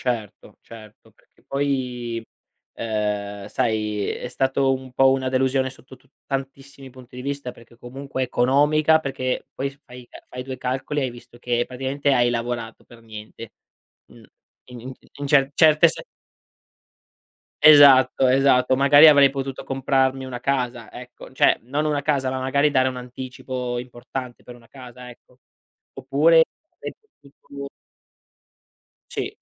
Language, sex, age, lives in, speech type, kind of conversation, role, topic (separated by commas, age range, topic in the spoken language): Italian, male, 25-29, Italy, conversation, podcast, guest, Che cosa hai imparato da un grande errore nella tua vita?